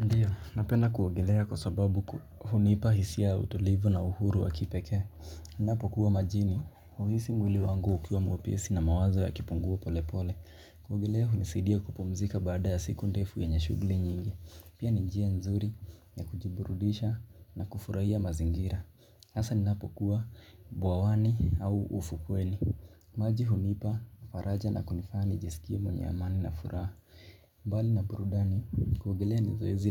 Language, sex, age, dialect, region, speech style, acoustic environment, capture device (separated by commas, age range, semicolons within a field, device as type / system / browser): Swahili, male, 18-24, Kenya - Mombasa, Kenya, focused, silence, mobile / Linux / Chrome